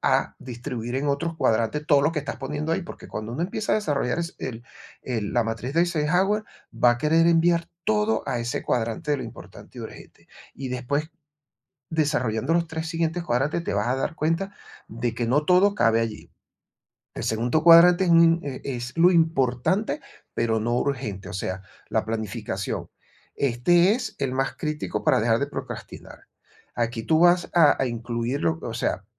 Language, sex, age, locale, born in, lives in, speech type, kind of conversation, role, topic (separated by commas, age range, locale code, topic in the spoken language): Spanish, male, 55-59, es-VE, Venezuela, Portugal, conversation, advice, advisor, ¿Cómo puedo dejar de procrastinar y priorizar mis tareas?